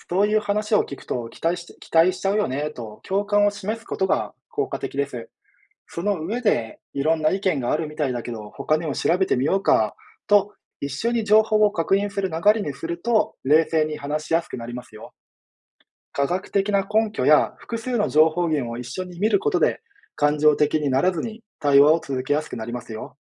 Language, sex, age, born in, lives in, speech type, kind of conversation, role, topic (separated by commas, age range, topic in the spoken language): Japanese, male, 30-34, Japan, Japan, read, expert-assistant, expert, 健康情報の信頼性はどのように見極めればよいですか？